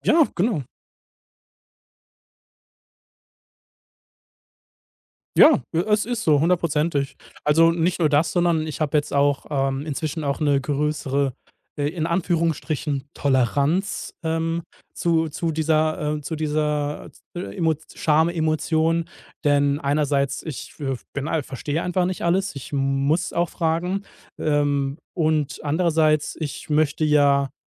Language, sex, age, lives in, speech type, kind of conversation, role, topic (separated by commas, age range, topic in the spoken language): German, male, 30-34, Germany, conversation, podcast, guest, Mal ehrlich: Wann ist Nachfragen peinlich, und wann ist es nötig?